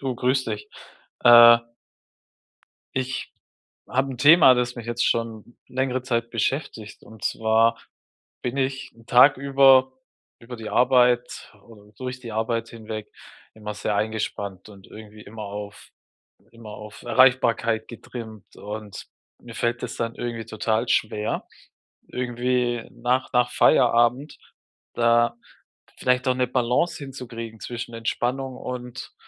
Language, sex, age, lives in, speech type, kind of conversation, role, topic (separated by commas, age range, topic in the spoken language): German, male, 35-39, Germany, conversation, advice, user, Warum fällt es mir abends so schwer, wirklich zu entspannen?